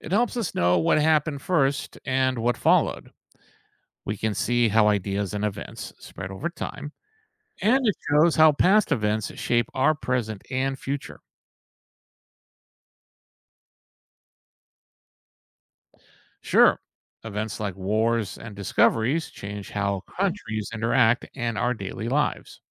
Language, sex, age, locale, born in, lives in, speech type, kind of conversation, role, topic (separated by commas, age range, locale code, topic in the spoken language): English, male, 55-59, en-US, United States, United States, read, expert-assistant, expert, How do historical timelines help us understand events?